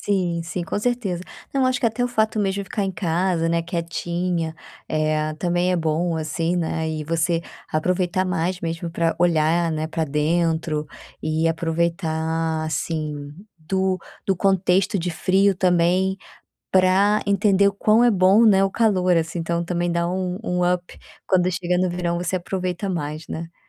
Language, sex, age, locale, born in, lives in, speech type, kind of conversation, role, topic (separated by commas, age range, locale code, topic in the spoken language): Portuguese, female, 30-34, pt-BR, Brazil, Spain, conversation, advice, user, Como posso reconhecer aspectos positivos em dias estressantes?